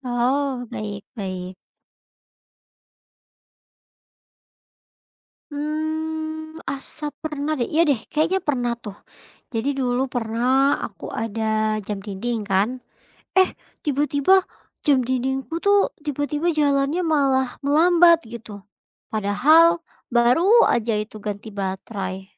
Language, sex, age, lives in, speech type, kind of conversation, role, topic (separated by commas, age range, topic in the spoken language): Indonesian, female, 25-29, Indonesia, read, expert-assistant, asker, Bagaimana cara kerja jam dinding menunjukkan waktu?